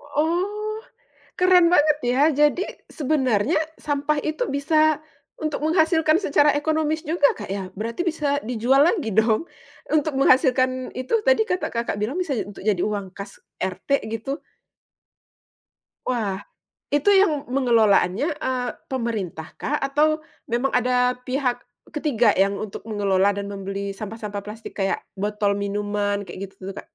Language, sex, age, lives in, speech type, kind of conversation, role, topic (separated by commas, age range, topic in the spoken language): Indonesian, female, 35-39, Indonesia, conversation, podcast, host, Apa yang biasanya kamu lakukan untuk mengurangi sampah plastik?